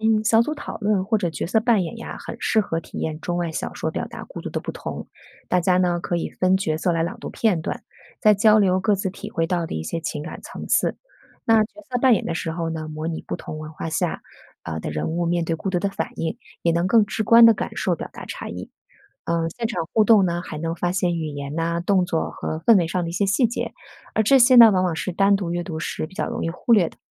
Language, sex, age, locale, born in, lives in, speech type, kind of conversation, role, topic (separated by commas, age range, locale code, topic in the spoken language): Chinese, female, 40-44, zh-CN, China, United States, read, expert-assistant, expert, 如果我喜欢中外小说，有哪些方法可以让我更有趣地比较它们？